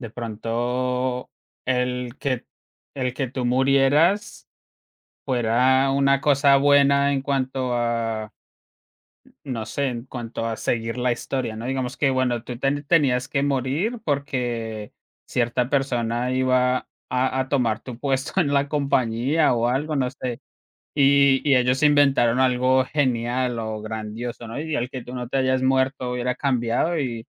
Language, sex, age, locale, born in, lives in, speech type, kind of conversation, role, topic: Spanish, male, 25-29, es-CO, Colombia, United States, conversation, unstructured, none, ¿Si pudieras viajar en el tiempo por un día, elegirías ir al pasado o al futuro?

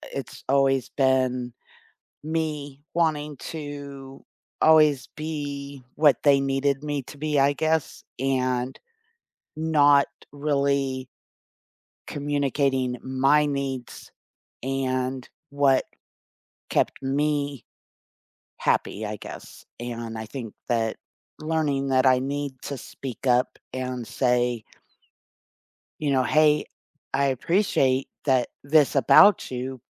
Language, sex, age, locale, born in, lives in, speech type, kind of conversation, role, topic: English, female, 55-59, en-US, United States, United States, conversation, unstructured, none, How can you learn from past relationships while staying open and free of emotional baggage?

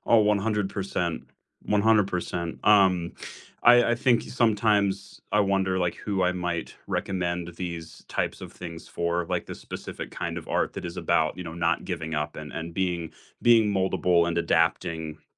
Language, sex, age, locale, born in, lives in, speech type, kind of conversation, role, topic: English, male, 20-24, en-US, United States, United States, conversation, unstructured, none, What is a movie or book that challenged the way you think?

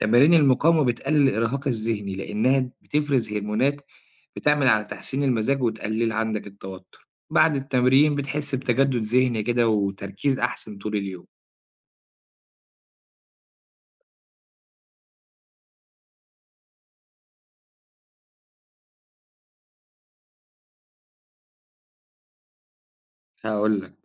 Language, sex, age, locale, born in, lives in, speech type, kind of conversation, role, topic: Arabic, male, 25-29, ar-EG, Egypt, Egypt, read, expert-assistant, expert, هل فعلاً تمارين المقاومة تستاهل الوقت والمجهود اللي بنبذله فيها مقارنة برياضة تانية زي المشي أو الجري من ناحية صحة الجسم والمخ؟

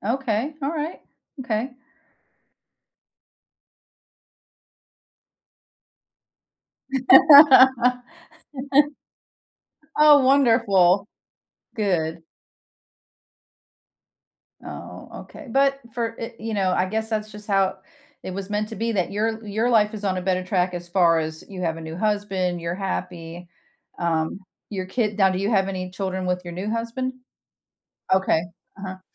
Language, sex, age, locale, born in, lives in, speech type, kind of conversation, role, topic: English, female, 50-54, en-US, United States, United States, conversation, unstructured, none, How do you deal with feeling betrayed by someone you love?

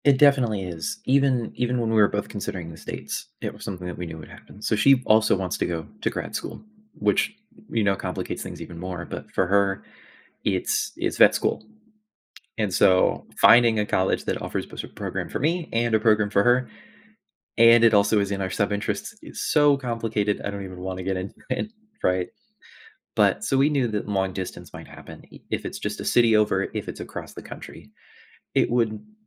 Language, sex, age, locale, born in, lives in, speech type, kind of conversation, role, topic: English, male, 20-24, en-US, United States, United States, conversation, advice, user, How can I make a big life decision when I'm feeling uncertain?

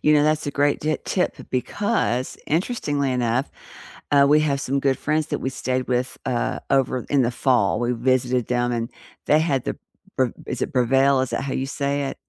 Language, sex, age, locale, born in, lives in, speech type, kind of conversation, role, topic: English, female, 60-64, en-US, United States, United States, conversation, unstructured, none, Which small, everyday habits instantly lift your mood, and how can we support each other in sharing them?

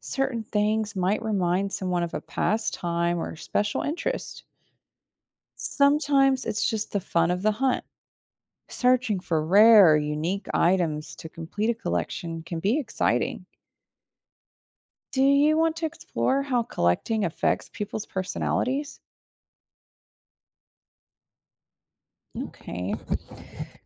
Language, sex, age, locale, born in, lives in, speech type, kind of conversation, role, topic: English, female, 35-39, en-US, United States, United States, read, expert-assistant, expert, Why do people collect things like stamps?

